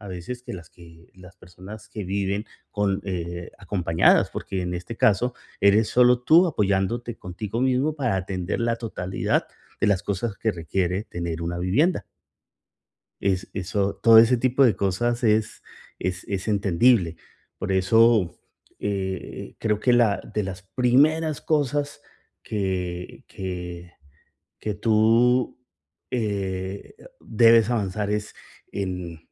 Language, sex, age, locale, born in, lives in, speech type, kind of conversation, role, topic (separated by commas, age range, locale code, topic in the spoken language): Spanish, male, 45-49, es-CO, Colombia, United States, conversation, advice, advisor, ¿Cómo puedo evitar interrupciones mientras trabajo concentrado en casa?